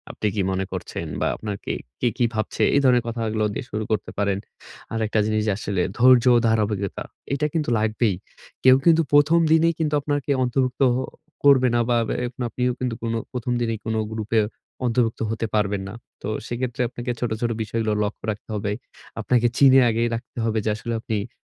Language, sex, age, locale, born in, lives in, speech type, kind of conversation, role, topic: Bengali, male, 25-29, bn-BD, Bangladesh, Bangladesh, conversation, advice, advisor, আমি কীভাবে নতুন গোষ্ঠীতে সহজে অন্তর্ভুক্ত হতে পারি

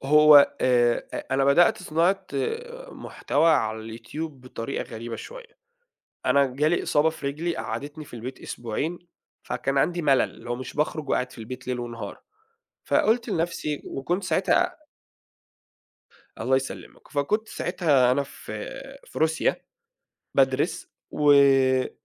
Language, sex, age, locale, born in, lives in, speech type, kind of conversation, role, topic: Arabic, male, 30-34, ar-EG, Saudi Arabia, Egypt, conversation, podcast, guest, شو نصيحتك لحد عايز يبدأ هواية إبداعية جديدة؟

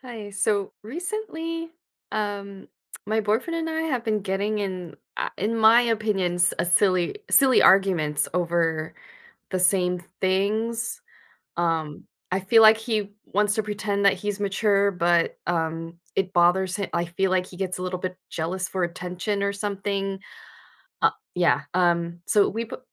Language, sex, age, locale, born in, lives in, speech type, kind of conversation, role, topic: English, female, 40-44, en-US, United States, United States, conversation, advice, user, How can I stop arguing with my partner over small things?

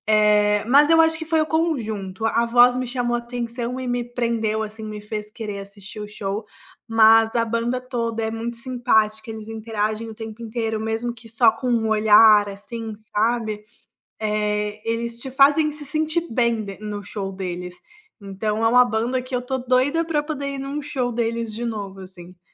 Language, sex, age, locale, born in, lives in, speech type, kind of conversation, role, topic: Portuguese, female, 25-29, pt-BR, Brazil, Italy, conversation, podcast, guest, Você já descobriu alguma banda boa só vendo ao vivo?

